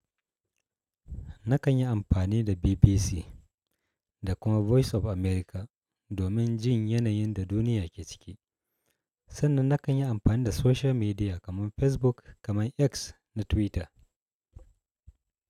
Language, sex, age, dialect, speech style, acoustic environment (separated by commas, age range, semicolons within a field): Hausa, male, 35-44, Nigeria - Kano, happy, appliances